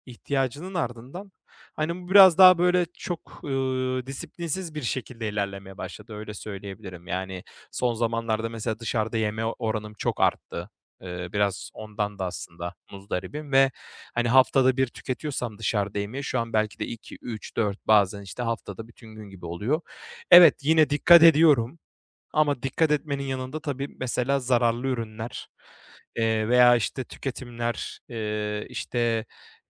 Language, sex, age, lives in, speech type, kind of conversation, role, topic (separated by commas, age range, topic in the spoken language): Turkish, male, 25-29, Bulgaria, conversation, advice, user, Markette ekonomik ve besleyici yiyecekleri nasıl daha iyi seçebilirim?